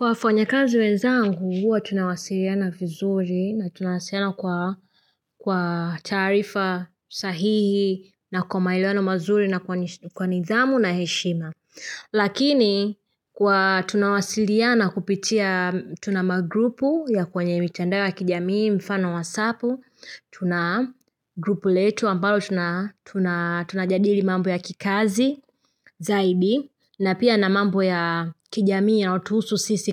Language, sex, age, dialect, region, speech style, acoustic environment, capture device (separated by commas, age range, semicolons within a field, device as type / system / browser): Swahili, female, 25-34, Tanzania - Dar es Salaam, Tanzania, happy; excited; focused; relaxed, silence; nature, mobile / Linux / Chrome